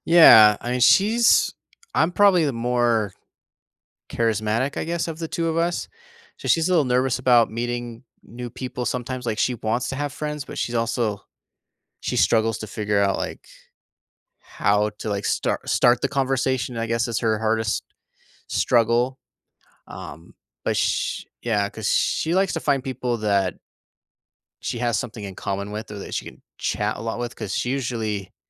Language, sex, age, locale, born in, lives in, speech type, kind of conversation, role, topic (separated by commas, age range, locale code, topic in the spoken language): English, male, 30-34, en-US, United States, United States, conversation, advice, user, How can I make meaningful friends in a new city despite being shy and having limited free time?